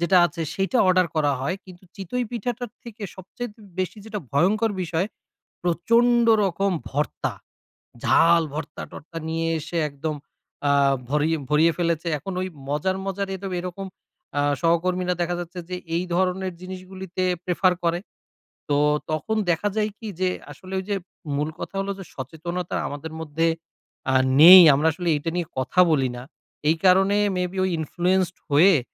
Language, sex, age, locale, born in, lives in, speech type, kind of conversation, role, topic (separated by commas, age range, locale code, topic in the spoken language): Bengali, male, 30-34, bn-BD, Bangladesh, Bangladesh, conversation, advice, user, কর্মস্থলে আনা অস্বাস্থ্যকর স্ন্যাক্স খাওয়ার চাপ আপনি কীভাবে সামলান?